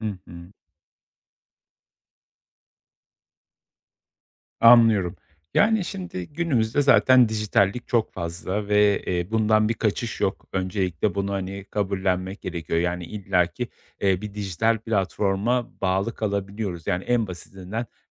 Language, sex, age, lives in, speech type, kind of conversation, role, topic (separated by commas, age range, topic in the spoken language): Turkish, male, 35-39, Poland, conversation, advice, advisor, Dijital detoksa nasıl başlayıp ekran kullanımınıza sağlıklı sınırlar koyabilirsiniz?